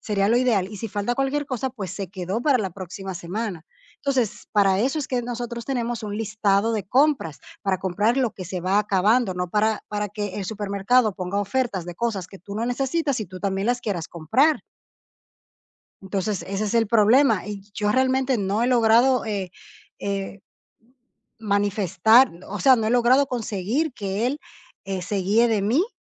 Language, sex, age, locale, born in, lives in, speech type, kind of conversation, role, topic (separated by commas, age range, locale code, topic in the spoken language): Spanish, female, 30-34, es-DO, Dominican Republic, Portugal, conversation, advice, user, ¿Cómo puedo manejar una discusión por dinero y gastos compartidos?